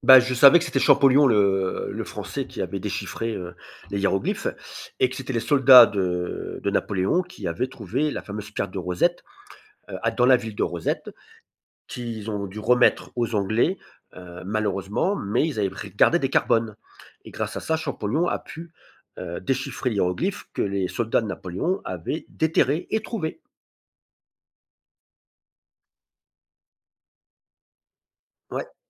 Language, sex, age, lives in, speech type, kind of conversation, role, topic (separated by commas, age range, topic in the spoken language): French, male, 50-54, France, conversation, podcast, guest, Quel voyage a changé ta vision du monde ?